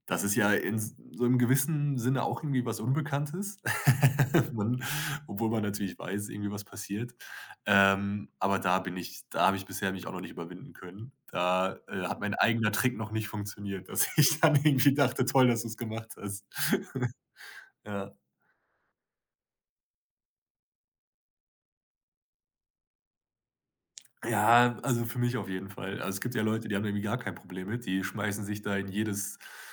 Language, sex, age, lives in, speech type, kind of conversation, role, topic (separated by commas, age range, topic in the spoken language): German, male, 25-29, Germany, conversation, podcast, guest, Was hilft dir, die Angst vor dem Unbekannten zu überwinden?